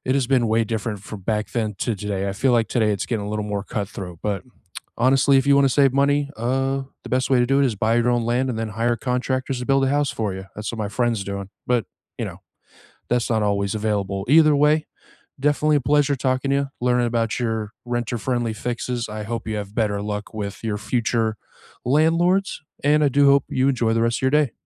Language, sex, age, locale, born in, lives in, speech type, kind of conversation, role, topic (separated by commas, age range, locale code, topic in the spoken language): English, male, 35-39, en-US, United States, United States, conversation, unstructured, none, What renter-friendly fixes have you used that look custom but remove cleanly?